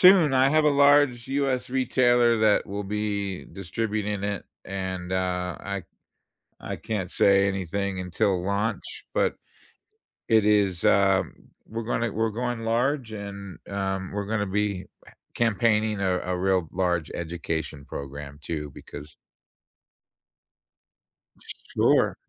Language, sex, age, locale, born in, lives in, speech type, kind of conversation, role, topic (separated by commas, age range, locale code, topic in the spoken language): English, male, 55-59, en-US, United States, United States, conversation, unstructured, none, Have you ever tried to convince someone to take up your hobby?